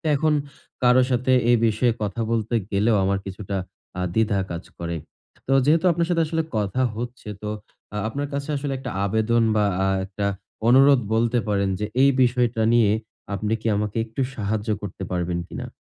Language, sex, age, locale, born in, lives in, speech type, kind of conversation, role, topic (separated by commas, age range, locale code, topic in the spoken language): Bengali, male, 20-24, bn-BD, Bangladesh, Bangladesh, conversation, advice, user, আমি কীভাবে কাজ ও ব্যক্তিগত জীবনের মধ্যে ভারসাম্য বজায় রাখতে পারি?